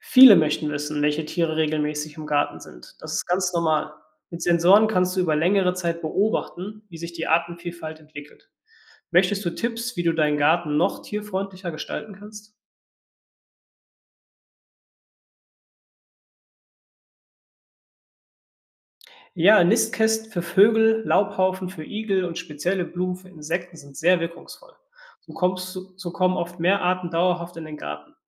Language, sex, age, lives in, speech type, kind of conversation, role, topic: German, male, 25-29, Germany, read, expert-assistant, expert, Wie kann moderne Technik helfen, unsere Landschaften zu erhalten und trotzdem nutzbar zu machen?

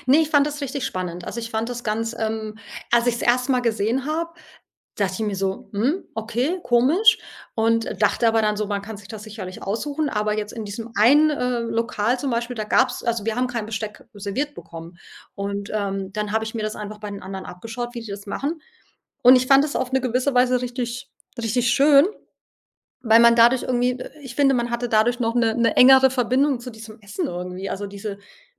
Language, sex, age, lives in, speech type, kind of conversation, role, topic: German, female, 40-44, Portugal, conversation, podcast, guest, Welche Reise hat dich total verändert?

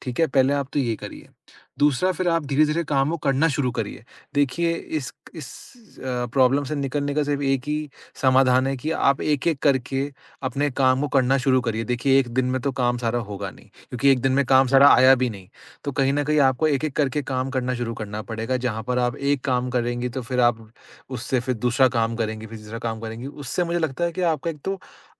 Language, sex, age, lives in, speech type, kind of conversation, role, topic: Hindi, male, 25-29, India, conversation, advice, advisor, बार-बार ध्यान भंग होना और काम पूरा नहीं कर पाना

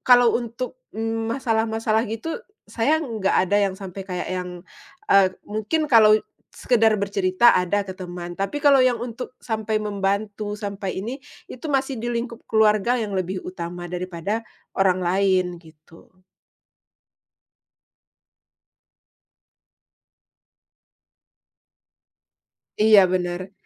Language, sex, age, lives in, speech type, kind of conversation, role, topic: Indonesian, female, 35-39, Indonesia, conversation, podcast, guest, Bagaimana keluarga Anda biasanya mendukung Anda saat Anda sedang menghadapi masa sulit?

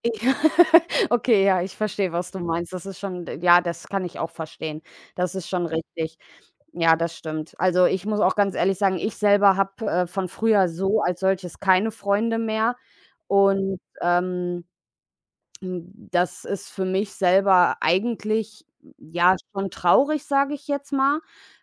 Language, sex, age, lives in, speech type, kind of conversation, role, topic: German, female, 30-34, Germany, conversation, unstructured, none, Wie wichtig sind Freundschaften für dein Wohlbefinden?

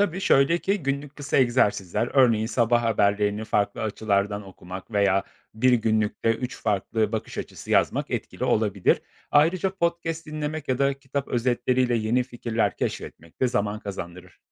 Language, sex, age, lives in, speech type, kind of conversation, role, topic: Turkish, male, 35-39, Poland, read, expert-assistant, expert, Eğitim sistemi insanlara gerçekten düşünmeyi öğretiyor mu?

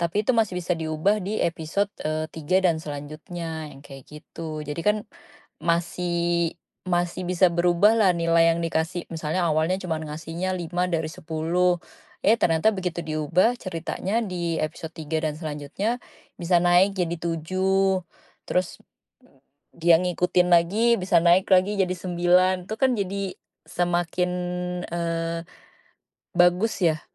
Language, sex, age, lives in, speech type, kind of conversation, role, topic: Indonesian, female, 35-39, Indonesia, conversation, unstructured, none, Bagaimana perasaanmu jika alur cerita film favoritmu diubah tanpa mempertimbangkan pendapat penggemar?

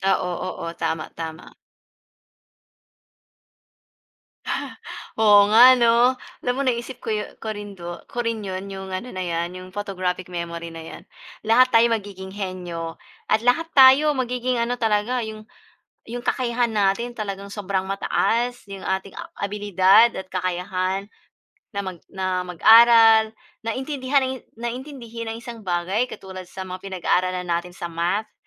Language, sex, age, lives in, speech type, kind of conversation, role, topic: Filipino, female, 40-44, Philippines, conversation, unstructured, none, Kung ang lahat ng tao ay may potograpikong alaala, paano magbabago ang edukasyon?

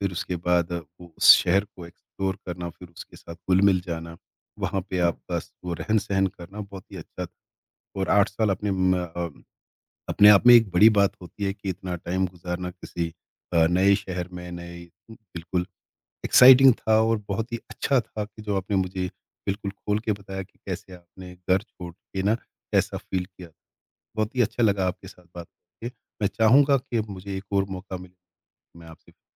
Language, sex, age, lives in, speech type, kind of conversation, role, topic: Hindi, male, 50-54, India, conversation, podcast, host, Kab tumne ghar chhoda aur tumhe kaisa laga?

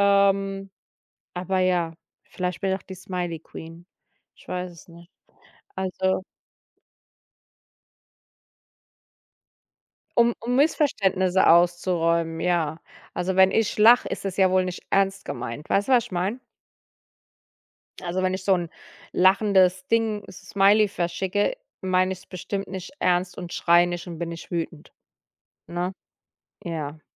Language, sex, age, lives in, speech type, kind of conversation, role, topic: German, female, 35-39, United States, conversation, podcast, guest, Wie gehst du mit Konflikten in Nachrichten oder E-Mails um?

